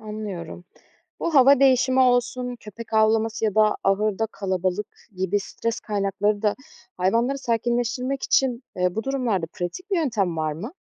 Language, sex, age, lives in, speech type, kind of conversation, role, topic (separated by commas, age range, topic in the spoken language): Turkish, female, 25-29, Germany, read, expert-assistant, asker, Hayvancılıkla ilgili pratik bilgileri ve güncel gelişmeleri nereden takip edebilirim?